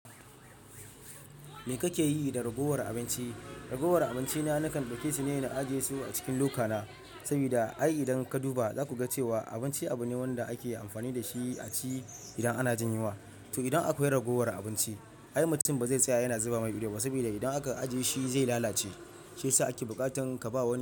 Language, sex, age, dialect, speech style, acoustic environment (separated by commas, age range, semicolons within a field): Hausa, male, 25-34, Nigeria - Ibadan, happy; relaxed, traffic; silence